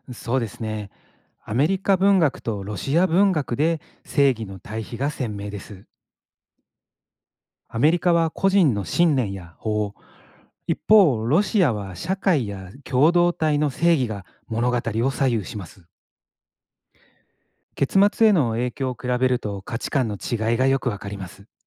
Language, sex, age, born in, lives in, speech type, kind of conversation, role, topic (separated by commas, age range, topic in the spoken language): Japanese, male, 45-49, Japan, Japan, read, expert-assistant, expert, 世界の文学を比べてみるとき、どんなテーマから始めると話が広がりやすいですか？